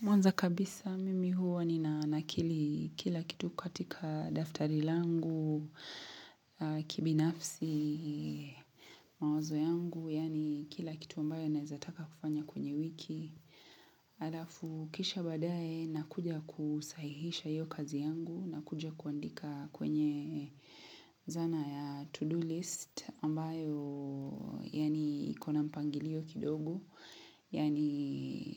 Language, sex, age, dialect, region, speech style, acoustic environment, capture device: Swahili, female, 25-34, Kenya - Mombasa, Kenya, relaxed; happy; excited; focused, silence, mobile / Linux / Chrome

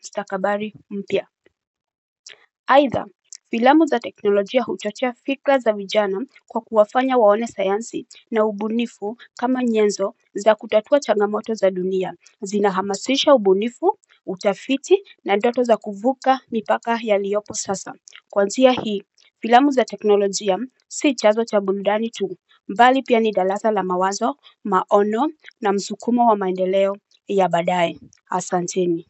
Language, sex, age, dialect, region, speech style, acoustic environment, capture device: Swahili, female, 35-44, Kenya - Nairobi Sheng-influenced, Kenya, happy, silence, mobile / Linux / Chrome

